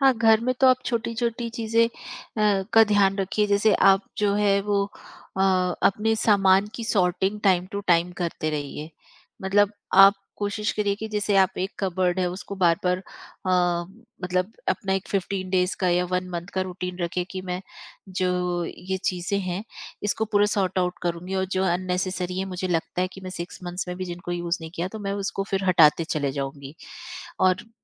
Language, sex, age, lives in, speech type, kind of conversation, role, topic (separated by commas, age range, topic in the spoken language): Hindi, female, 45-49, India, conversation, podcast, guest, Small flat ko zyada khula aur bada dikhane ke liye hum kaunse simple tareeke apna sakte hain?